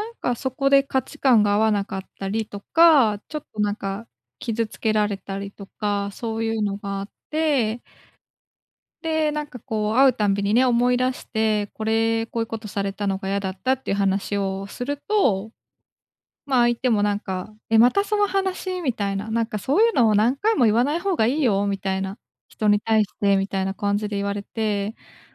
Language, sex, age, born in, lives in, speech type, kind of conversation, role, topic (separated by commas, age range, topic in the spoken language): Japanese, female, 25-29, Japan, Japan, conversation, advice, user, 過去の傷が原因で失った信頼を、どうすれば取り戻せますか？